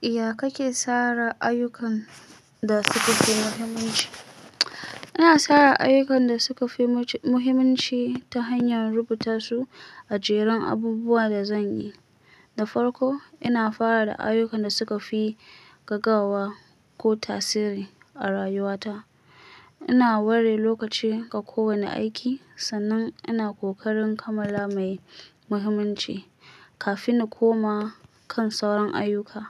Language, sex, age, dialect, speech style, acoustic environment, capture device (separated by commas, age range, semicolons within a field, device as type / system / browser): Hausa, female, 25-34, Nigeria - Kano, stressed; anxious, silence, mobile / Linux / Chrome